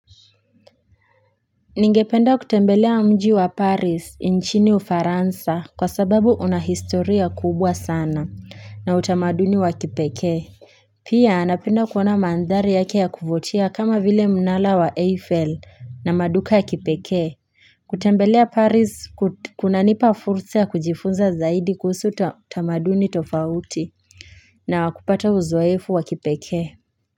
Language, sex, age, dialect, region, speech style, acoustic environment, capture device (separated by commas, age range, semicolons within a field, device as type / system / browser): Swahili, female, 18-24, Kenya - Nairobi Sheng-influenced, Kenya, happy, silence, mobile / Linux / Chrome